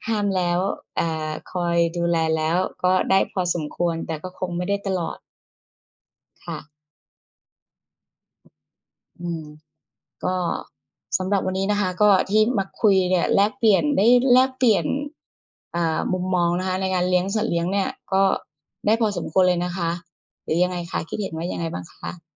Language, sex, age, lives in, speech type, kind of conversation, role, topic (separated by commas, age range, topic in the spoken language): Thai, female, 40-44, Thailand, conversation, unstructured, none, เราควรเลือกสัตว์เลี้ยงอย่างไรให้เหมาะกับวิถีชีวิตของเรา?